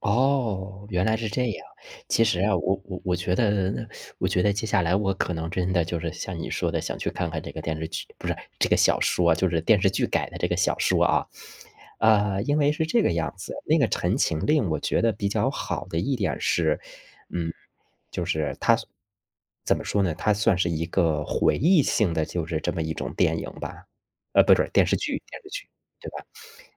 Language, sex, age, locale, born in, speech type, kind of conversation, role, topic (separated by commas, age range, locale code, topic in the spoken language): Chinese, male, 35-39, zh-CN, China, conversation, unstructured, none, 最近有没有哪部电视剧让你觉得很开心？